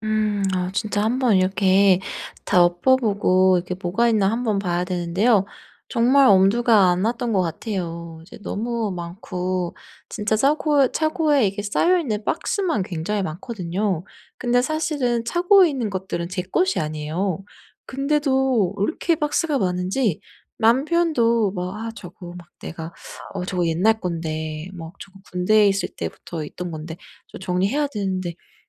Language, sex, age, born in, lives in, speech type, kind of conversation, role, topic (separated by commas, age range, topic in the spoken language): Korean, female, 30-34, South Korea, United States, conversation, advice, user, 집에 물건이 너무 많은데, 물건을 줄이고 공간을 효율적으로 정리하려면 어떻게 해야 하나요?